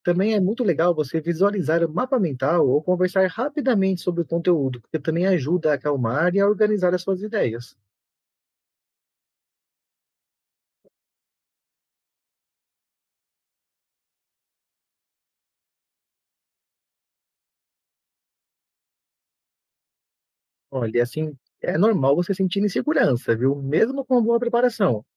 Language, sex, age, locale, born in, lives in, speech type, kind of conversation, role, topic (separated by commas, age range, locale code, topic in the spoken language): Portuguese, male, 35-39, pt-BR, Brazil, Portugal, read, expert-assistant, expert, Tem como perceber se uma explicação ou técnica de estudo é só moda ou se realmente ajuda a aprender melhor?